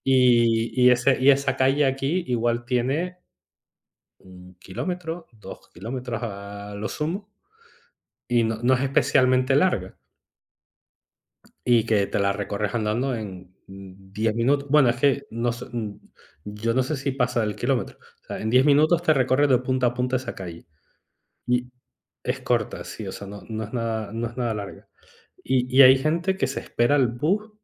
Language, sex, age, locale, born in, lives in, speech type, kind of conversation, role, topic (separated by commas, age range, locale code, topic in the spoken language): Spanish, male, 35-39, es-ES, Spain, Spain, conversation, unstructured, none, ¿Qué es lo que más te molesta al usar el transporte público?